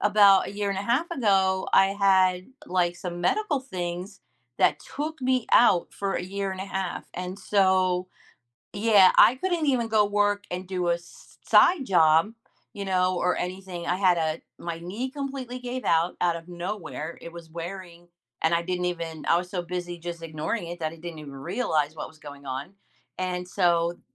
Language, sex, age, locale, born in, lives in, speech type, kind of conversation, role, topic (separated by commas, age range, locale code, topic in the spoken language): English, female, 55-59, en-US, United States, United States, conversation, podcast, guest, What helps people turn mistakes into opportunities for growth?